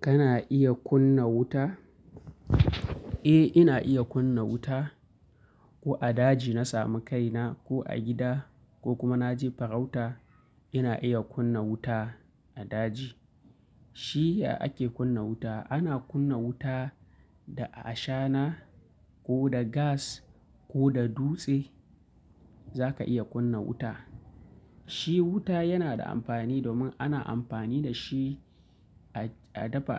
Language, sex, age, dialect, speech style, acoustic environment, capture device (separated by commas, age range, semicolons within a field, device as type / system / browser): Hausa, male, 25-34, Nigeria - Kano, happy, nature, mobile / Linux / Chrome